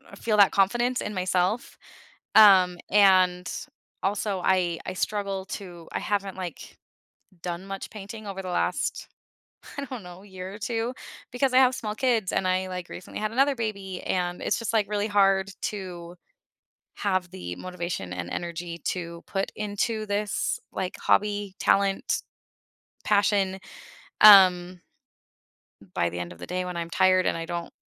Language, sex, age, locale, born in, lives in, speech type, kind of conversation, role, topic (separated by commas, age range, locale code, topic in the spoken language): English, female, 35-39, en-US, United States, United States, conversation, advice, user, How do I decide whether to follow my passion or prioritize financial stability?